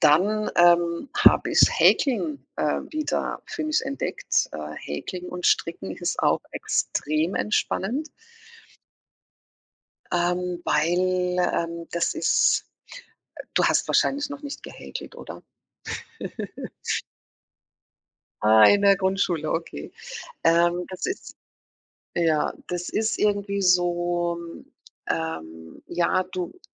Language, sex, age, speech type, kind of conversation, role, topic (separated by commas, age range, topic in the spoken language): German, female, 50-54, conversation, podcast, guest, Wie entspannst du dich nach einem anstrengenden Tag?